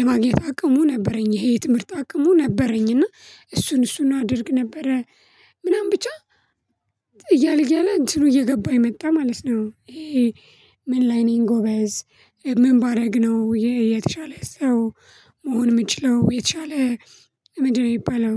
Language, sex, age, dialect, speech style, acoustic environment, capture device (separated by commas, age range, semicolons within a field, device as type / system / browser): Amharic, female, 25-34, Ethiopia - Addis Ababa, happy, silence, mobile / Linux / Chrome